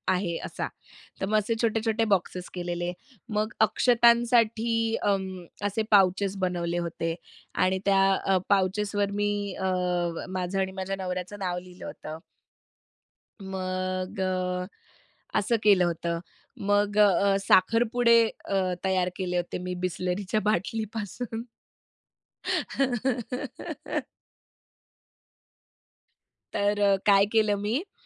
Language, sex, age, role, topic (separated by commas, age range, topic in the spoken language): Marathi, female, 30-34, guest, हाताने बनवलेल्या भेटवस्तू कशा बनवाल?